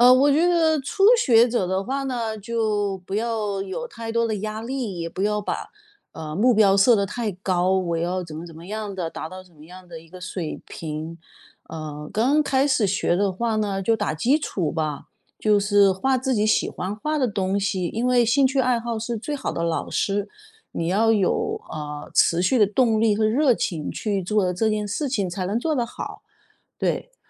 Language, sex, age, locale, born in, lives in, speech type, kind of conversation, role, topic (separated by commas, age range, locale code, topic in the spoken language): Chinese, female, 50-54, zh-CN, China, United States, conversation, podcast, guest, 创作时如何应对自我怀疑？